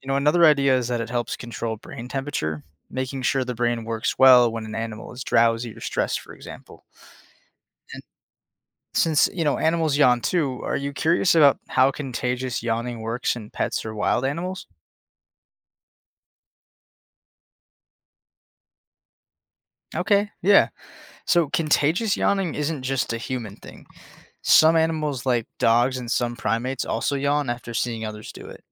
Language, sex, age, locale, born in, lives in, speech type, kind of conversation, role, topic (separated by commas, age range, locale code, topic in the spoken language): English, male, 20-24, en-US, United States, United States, read, expert-assistant, expert, Why do we yawn and is it contagious?